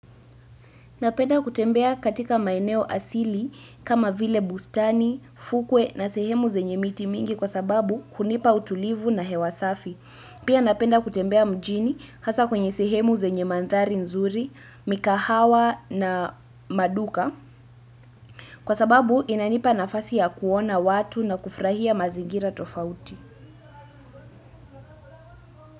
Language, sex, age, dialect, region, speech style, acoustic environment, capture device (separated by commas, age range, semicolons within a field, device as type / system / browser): Swahili, female, 18-24, Kenya - Nairobi Sheng-influenced, Kenya, relaxed; focused, silence, desktop / Windows / Chrome